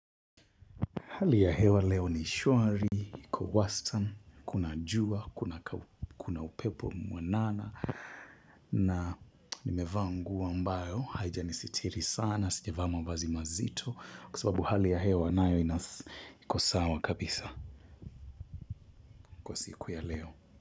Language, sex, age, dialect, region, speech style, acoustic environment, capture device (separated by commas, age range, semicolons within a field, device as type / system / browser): Swahili, male, 25-34, Kenya - Mombasa, Kenya, happy; relaxed, silence, mobile / Linux / Chrome